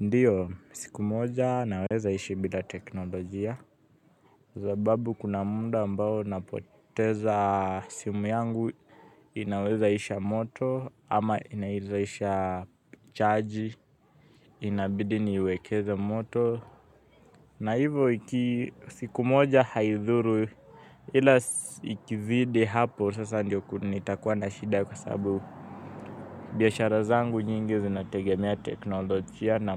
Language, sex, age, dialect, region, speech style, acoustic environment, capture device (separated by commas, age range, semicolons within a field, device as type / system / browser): Swahili, male, 18-24, Kenya - Nairobi Sheng-influenced, Kenya, happy, people talking; traffic, mobile / Linux / Chrome